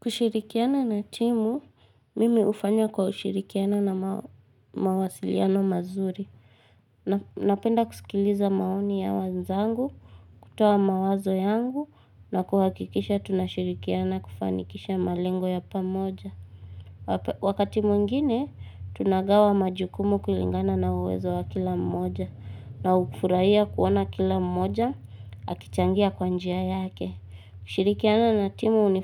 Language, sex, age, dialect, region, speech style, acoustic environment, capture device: Swahili, female, 18-24, Kenya - Nairobi Sheng-influenced, Kenya, anxious, silence, mobile / Linux / Chrome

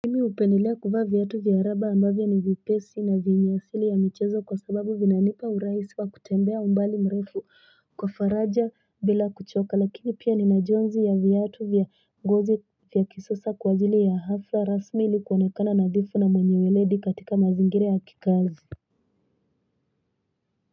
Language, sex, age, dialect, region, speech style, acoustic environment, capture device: Swahili, female, 18-24, Kenya - Mombasa, Kenya, happy, silence, mobile / Linux / Chrome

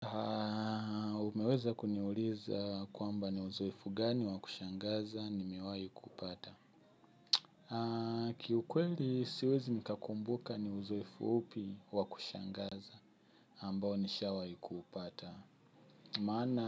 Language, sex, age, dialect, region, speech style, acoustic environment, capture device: Swahili, male, 25-34, Tanzania - Dar es Salaam, Tanzania, happy, nature; silence, mobile / Linux / Chrome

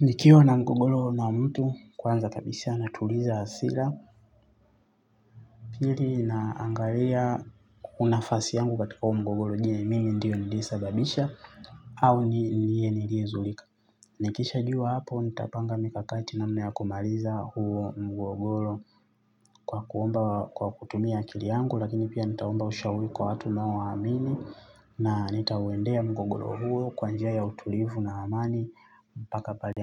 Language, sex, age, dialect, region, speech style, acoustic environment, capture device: Swahili, male, 25-34, Tanzania - Dar es Salaam, Tanzania, happy; excited; focused, traffic; silence, mobile / Linux / Chrome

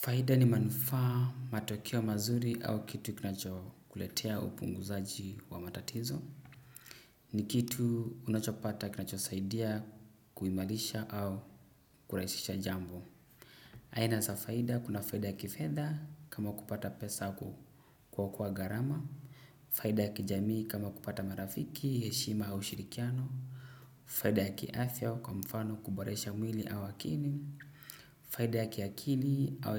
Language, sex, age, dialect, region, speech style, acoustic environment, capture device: Swahili, male, 25-34, Kenya - Mombasa, Kenya, happy, silence, desktop / Windows / Edge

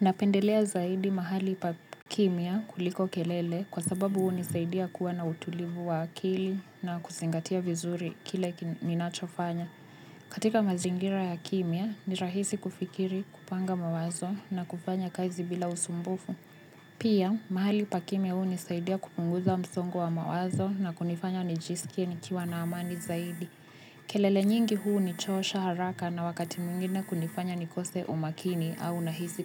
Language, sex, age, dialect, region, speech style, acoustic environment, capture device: Swahili, female, 25-34, Kenya - Nairobi Sheng-influenced, Kenya, happy, people talking; music, mobile / Linux / Chrome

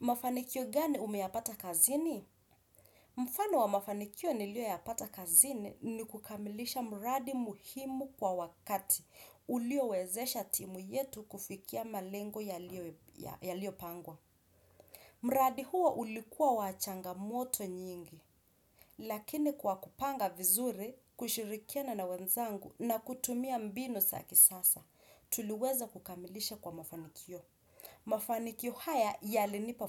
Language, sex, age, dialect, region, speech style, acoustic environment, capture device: Swahili, female, 25-34, Kenya - Mombasa, Kenya, stressed, silence, desktop / Windows / Chrome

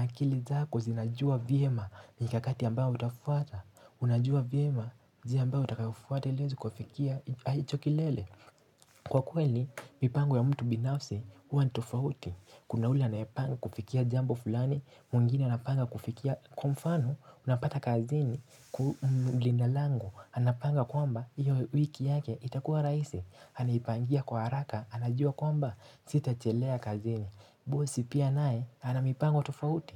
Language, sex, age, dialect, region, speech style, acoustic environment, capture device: Swahili, male, 18-24, Kenya - Nairobi Sheng-influenced, Kenya, relaxed; focused; excited, silence; nature, mobile / Linux / Chrome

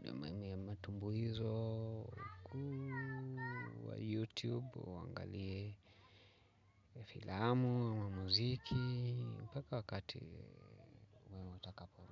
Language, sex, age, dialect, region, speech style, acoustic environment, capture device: Swahili, male, 45-54, Kenya - Mombasa, Kenya, relaxed, people talking, mobile / Linux / Chrome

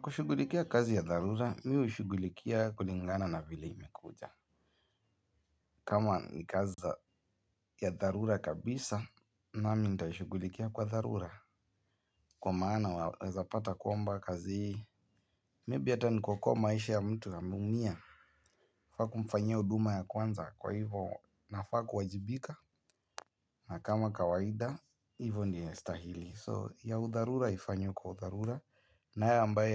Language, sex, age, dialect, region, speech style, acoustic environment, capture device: Swahili, male, 18-24, Kenya - Nairobi Sheng-influenced, Kenya, happy, people talking; nature, mobile / Linux / Chrome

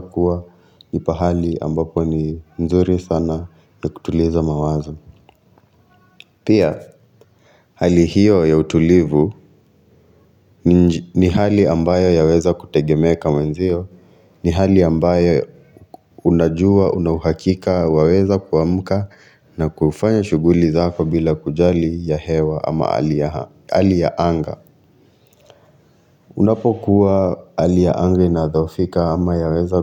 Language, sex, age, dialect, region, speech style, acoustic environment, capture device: Swahili, male, 25-34, Kenya - Nairobi Sheng-influenced, Kenya, relaxed, silence; construction, mobile / Linux / Chrome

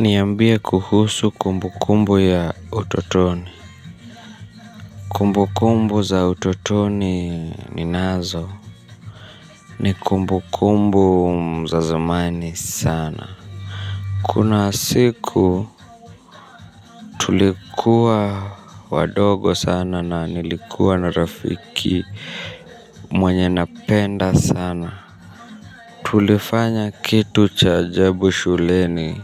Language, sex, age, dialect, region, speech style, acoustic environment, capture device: Swahili, male, 25-34, Kenya - Nairobi Sheng-influenced, Kenya, nervous; stressed; tired, people talking; music, mobile / Linux / Chrome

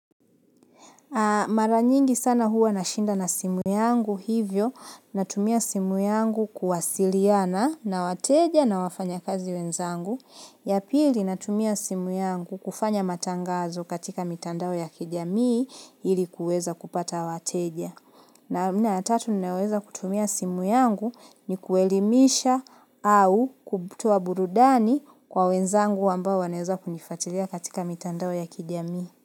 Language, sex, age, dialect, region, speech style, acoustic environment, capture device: Swahili, female, 25-34, Kenya - Nairobi Sheng-influenced, Kenya, anxious, silence, mobile / Linux / Chrome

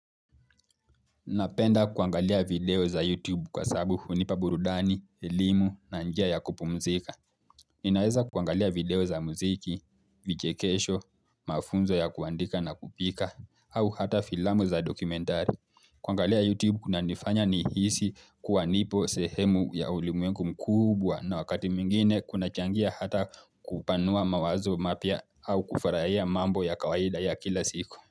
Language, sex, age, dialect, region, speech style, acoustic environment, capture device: Swahili, male, 18-24, Kenya - Mombasa, Kenya, focused, silence, mobile / Linux / Chrome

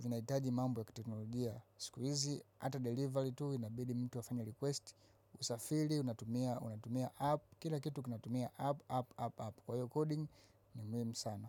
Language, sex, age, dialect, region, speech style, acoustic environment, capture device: Swahili, female, 25-34, Tanzania - Dar es Salaam, Tanzania, happy; focused; excited; relaxed, people talking; silence, mobile / macOS / Safari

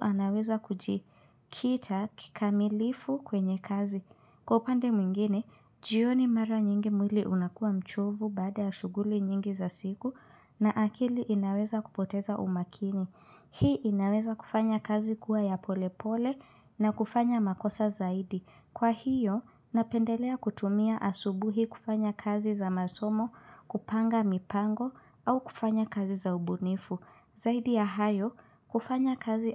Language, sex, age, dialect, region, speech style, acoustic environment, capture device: Swahili, female, 25-34, Kenya - Nairobi Sheng-influenced, Kenya, relaxed, nature; silence, mobile / Linux / Chrome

